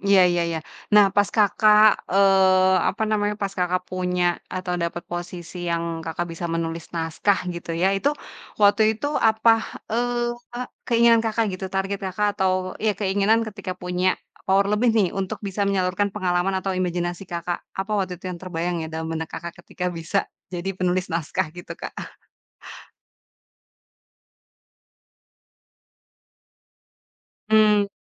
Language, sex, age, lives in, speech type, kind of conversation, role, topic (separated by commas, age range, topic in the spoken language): Indonesian, female, 30-34, Indonesia, conversation, podcast, host, Bagaimana kamu mengubah pengalaman pribadi menjadi sebuah cerita?